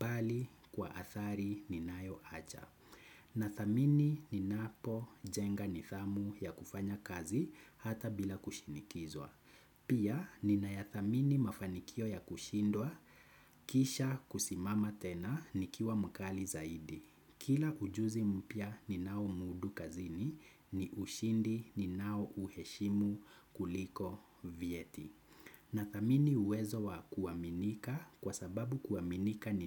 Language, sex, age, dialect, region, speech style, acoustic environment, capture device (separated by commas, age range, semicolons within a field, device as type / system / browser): Swahili, male, 25-34, Kenya - Nairobi Sheng-influenced, Kenya, anxious, nature, desktop / Windows / Chrome